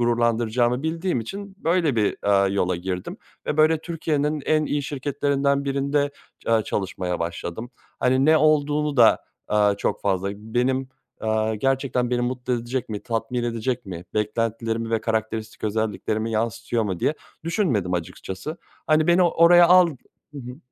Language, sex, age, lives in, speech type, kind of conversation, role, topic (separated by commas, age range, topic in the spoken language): Turkish, male, 30-34, Germany, conversation, podcast, guest, Başarı ile tatmin arasındaki farkı nasıl açıklarsın?